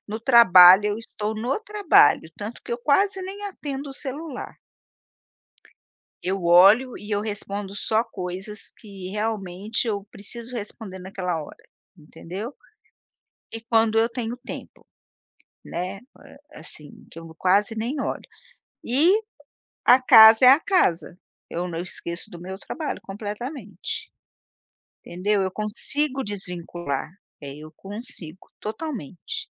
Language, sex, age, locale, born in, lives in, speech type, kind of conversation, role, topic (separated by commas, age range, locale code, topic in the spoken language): Portuguese, female, 55-59, pt-BR, Brazil, United States, conversation, unstructured, none, Qual é a sua estratégia para lidar com os desafios do dia a dia?